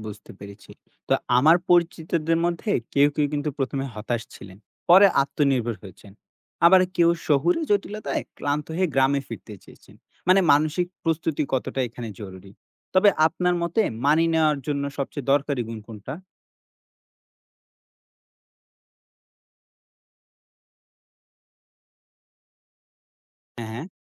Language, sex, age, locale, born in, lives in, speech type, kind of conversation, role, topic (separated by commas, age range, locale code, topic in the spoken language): Bengali, male, 20-24, bn-BD, Bangladesh, Bangladesh, read, expert-assistant, asker, মানব বসতির শহরমুখী প্রবণতার সুবিধা ও অসুবিধাগুলো কী কী? (What are the pros and cons of rapid urban migration?)